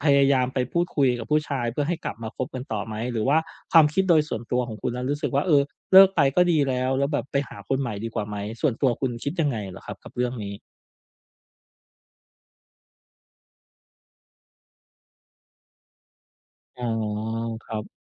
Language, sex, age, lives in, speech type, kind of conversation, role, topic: Thai, male, 35-39, Thailand, conversation, advice, advisor, ฉันจะช่วยเพื่อนที่กำลังเผชิญการเปลี่ยนแปลงในชีวิตให้ผ่านช่วงนี้ไปได้อย่างไร?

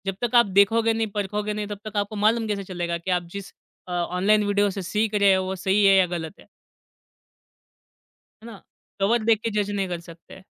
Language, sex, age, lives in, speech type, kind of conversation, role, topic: Hindi, male, 25-29, India, conversation, podcast, guest, Online videos se seekhna tumhe kaisa lagta hai, aur kyun?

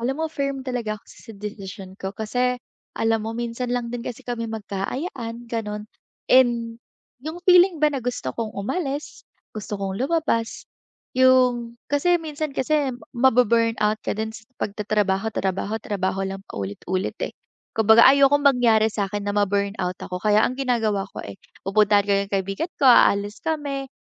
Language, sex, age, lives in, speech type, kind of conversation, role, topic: Filipino, female, 20-24, Philippines, conversation, advice, user, Paano ko maibabalik ang kontrol sa personal kong oras kapag palaging trabaho at mga obligasyon ang inuuna?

